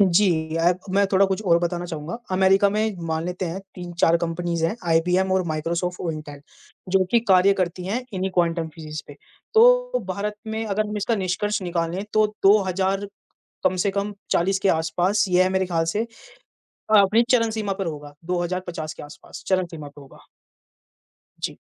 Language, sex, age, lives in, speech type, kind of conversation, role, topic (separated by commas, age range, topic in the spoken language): Hindi, male, 20-24, India, conversation, unstructured, none, क्वांटम भौतिकी के बारे में आपको सबसे अधिक क्या आकर्षित करता है?